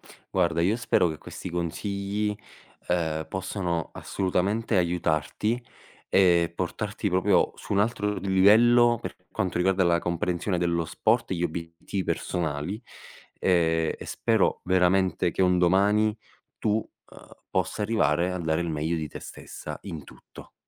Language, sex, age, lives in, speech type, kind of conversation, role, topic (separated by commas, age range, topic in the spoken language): Italian, male, 25-29, Italy, conversation, advice, advisor, Perché faccio fatica a mantenere una routine di allenamento anche se vorrei essere più attivo?